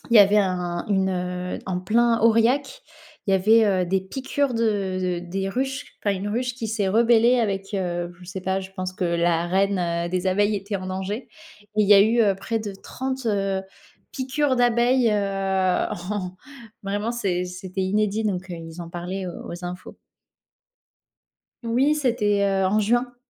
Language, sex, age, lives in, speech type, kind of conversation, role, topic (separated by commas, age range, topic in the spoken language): French, female, 25-29, France, conversation, unstructured, none, Pourquoi les abeilles sont-elles essentielles à la nature ?